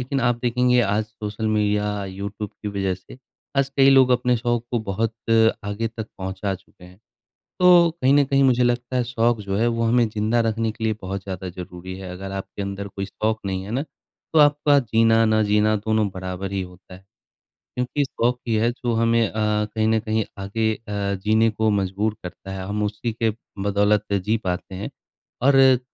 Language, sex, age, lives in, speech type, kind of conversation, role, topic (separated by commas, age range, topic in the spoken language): Hindi, male, 25-29, India, conversation, unstructured, none, आपको खाली समय में कौन-कौन से शौक पसंद हैं?